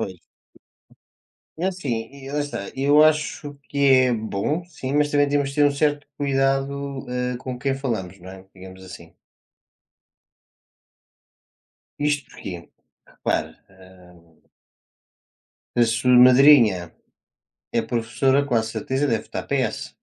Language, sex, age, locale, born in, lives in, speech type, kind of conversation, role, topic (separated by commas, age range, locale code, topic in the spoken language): Portuguese, male, 20-24, pt-PT, Portugal, Portugal, conversation, unstructured, none, O que você acha de discutir política com amigos ou família?